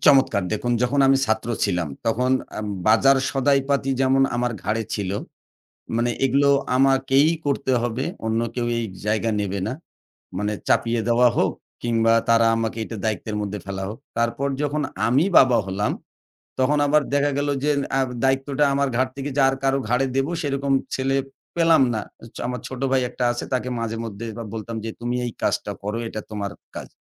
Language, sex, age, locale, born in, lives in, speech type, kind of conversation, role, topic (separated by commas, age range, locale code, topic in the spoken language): Bengali, male, 40-44, bn-BD, Bangladesh, Bangladesh, conversation, podcast, guest, বাড়ির কাজগুলো সাধারণত তোমরা কীভাবে ভাগ করে নাও?